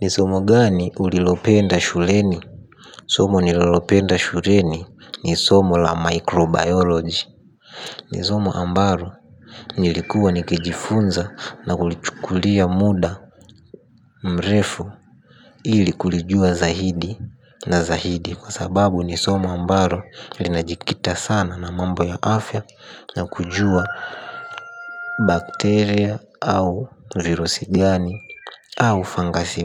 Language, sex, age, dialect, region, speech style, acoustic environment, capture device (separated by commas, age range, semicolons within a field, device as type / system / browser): Swahili, male, 18-24, Tanzania - Dar es Salaam, Tanzania, happy, nature; silence, mobile / Linux / Chrome